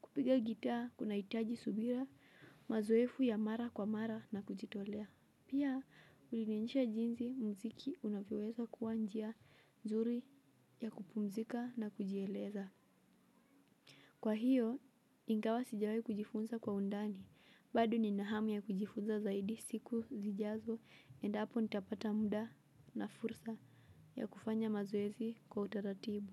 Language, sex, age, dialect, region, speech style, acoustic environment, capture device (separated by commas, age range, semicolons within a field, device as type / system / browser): Swahili, female, 18-24, Kenya - Mombasa, Kenya, relaxed, silence, mobile / Linux / Chrome